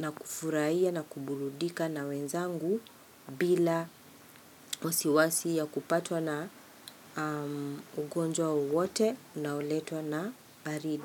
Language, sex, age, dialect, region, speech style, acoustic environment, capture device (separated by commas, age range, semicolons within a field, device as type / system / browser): Swahili, female, 45-54, Kenya - Nairobi Sheng-influenced, Kenya, happy; relaxed, people talking; nature, mobile / Linux / Chrome